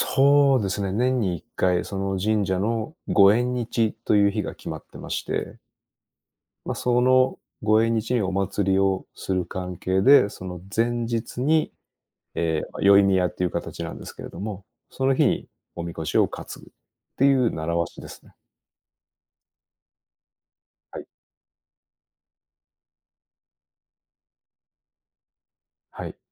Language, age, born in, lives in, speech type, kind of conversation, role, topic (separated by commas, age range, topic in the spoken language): Japanese, 45-49, Japan, Japan, conversation, unstructured, none, お祭りに参加したことはありますか？どんな思い出がありますか？